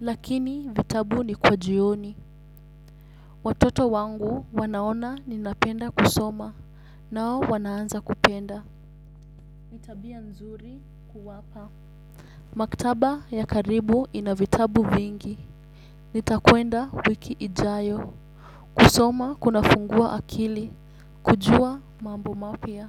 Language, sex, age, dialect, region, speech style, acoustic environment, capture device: Swahili, female, 18-24, Kenya - Nairobi Sheng-influenced, Kenya, relaxed, silence; nature, desktop / Windows / Chrome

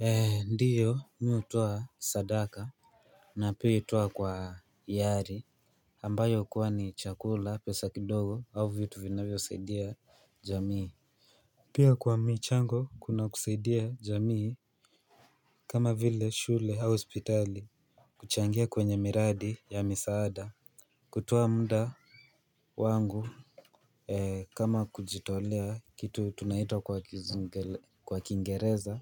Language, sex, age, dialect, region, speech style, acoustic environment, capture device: Swahili, male, 18-24, Kenya - Nairobi Sheng-influenced, Kenya, relaxed, silence, mobile / Linux / Chrome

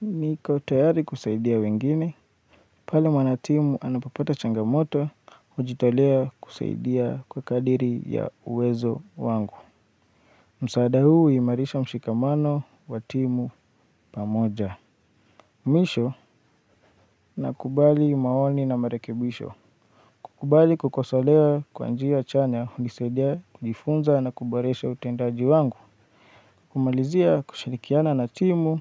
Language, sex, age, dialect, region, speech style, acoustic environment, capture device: Swahili, male, 25-34, Kenya - Nairobi Sheng-influenced, Kenya, focused, silence, mobile / Linux / Chrome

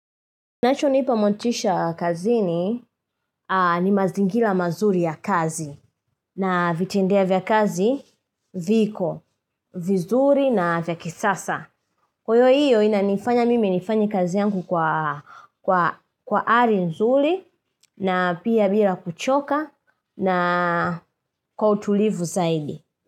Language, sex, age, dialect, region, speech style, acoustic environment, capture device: Swahili, female, 25-34, Tanzania - Dar es Salaam, Tanzania, happy; relaxed; focused; excited, nature; silence, mobile / Linux / Chrome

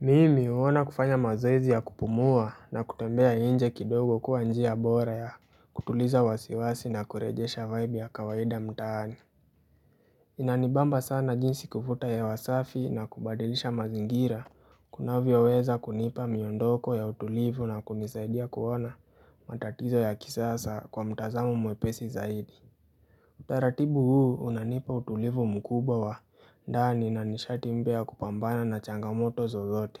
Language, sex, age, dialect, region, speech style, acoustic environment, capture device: Swahili, male, 18-24, Kenya - Nairobi Sheng-influenced, Kenya, happy; relaxed; focused, nature; appliances, mobile / Linux / Chrome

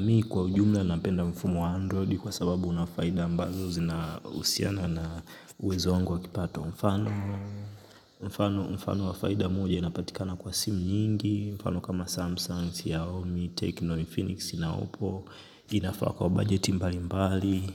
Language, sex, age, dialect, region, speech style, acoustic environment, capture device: Swahili, male, 25-34, Tanzania - Dar es Salaam, Tanzania, focused, silence, mobile / Linux / Chrome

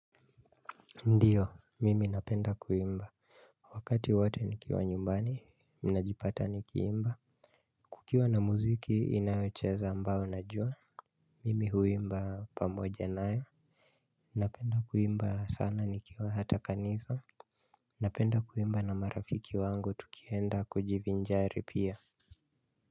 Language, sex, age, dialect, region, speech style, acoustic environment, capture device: Swahili, male, 25-34, Kenya - Nairobi Sheng-influenced, Kenya, focused, silence, mobile / Linux / Chrome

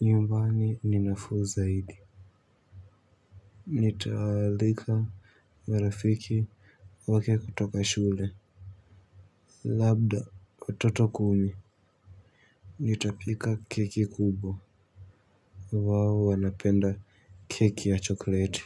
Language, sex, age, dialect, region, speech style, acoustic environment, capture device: Swahili, male, 18-24, Kenya - Nairobi Sheng-influenced, Kenya, relaxed; happy, silence, mobile / Linux / Chrome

